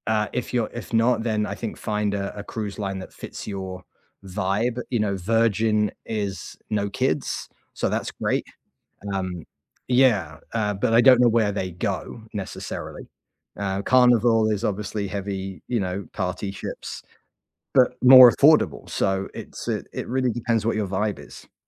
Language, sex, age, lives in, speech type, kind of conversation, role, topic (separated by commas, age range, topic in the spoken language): English, male, 40-44, United States, conversation, unstructured, none, How do you persuade someone to visit a place they’ve never heard of?